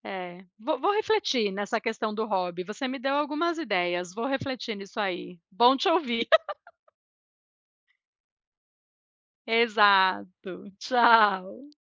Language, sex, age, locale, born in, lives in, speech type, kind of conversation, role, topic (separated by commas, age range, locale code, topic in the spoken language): Portuguese, female, 40-44, pt-BR, Brazil, United States, conversation, unstructured, none, Qual hobby você considera mais relaxante no dia a dia?